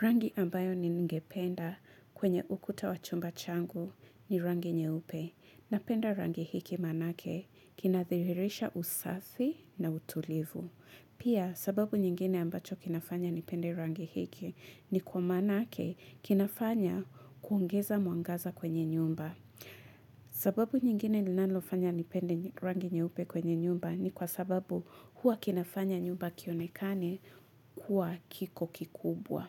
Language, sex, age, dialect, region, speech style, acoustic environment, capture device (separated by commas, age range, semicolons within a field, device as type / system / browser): Swahili, female, 25-34, Kenya - Mombasa, Kenya, happy, nature, mobile / Linux / Chrome